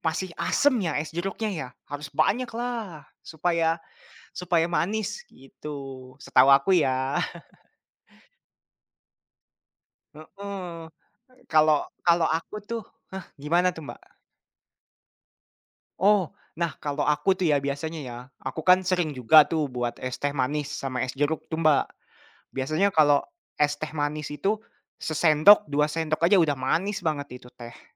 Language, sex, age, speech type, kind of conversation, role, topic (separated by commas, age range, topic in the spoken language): Indonesian, male, 20-24, conversation, unstructured, none, Anda lebih suka es teh manis atau es jeruk segar?